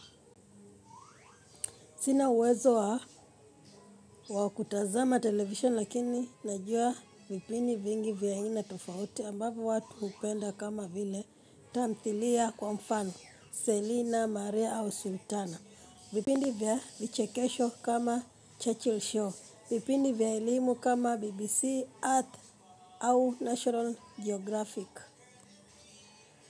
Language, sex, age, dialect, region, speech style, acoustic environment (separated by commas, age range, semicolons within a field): Swahili, female, 25-34, Kenya - Nairobi Sheng-influenced, Kenya, happy; focused; excited; relaxed, people talking